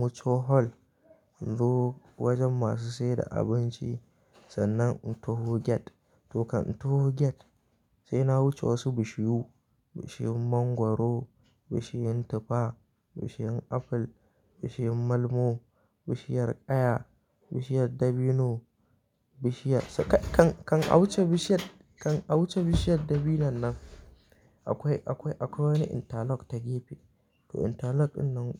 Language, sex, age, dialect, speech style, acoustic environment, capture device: Hausa, male, 18-24, Nigeria - Kano, happy, silence, mobile / Linux / Chrome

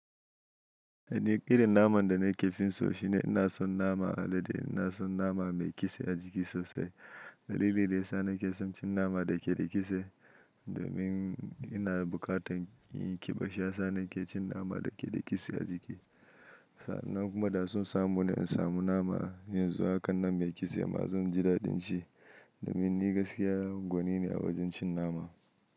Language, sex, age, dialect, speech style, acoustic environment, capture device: Hausa, male, 25-34, Nigeria - Nigerian Standard, anxious; happy, nature; silence, mobile / Linux / Chrome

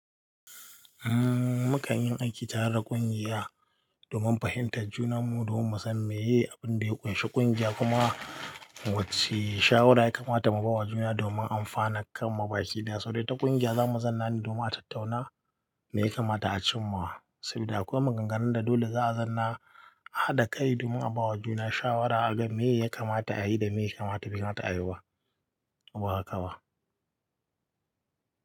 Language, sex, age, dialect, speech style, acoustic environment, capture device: Hausa, male, 25-34, Nigeria - Katsina, happy, people talking, mobile / Linux / Chrome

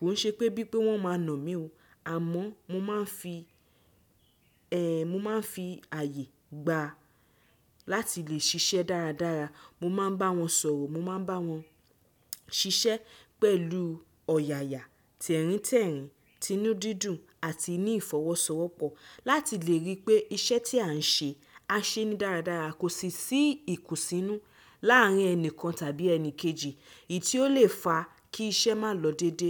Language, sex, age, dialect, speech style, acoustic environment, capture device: Yoruba, female, 25-34, Nigeria - Nigerian Standard, focused, people talking, desktop / Windows / Chrome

